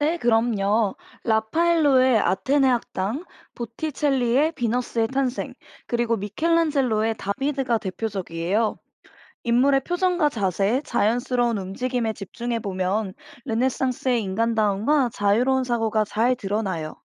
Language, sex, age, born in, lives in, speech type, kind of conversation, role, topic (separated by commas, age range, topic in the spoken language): Korean, female, 25-29, South Korea, United States, read, expert-assistant, expert, 바쁜 일상 속에서도 예술사에 흥미를 계속 유지하려면 어떻게 해야 할까요?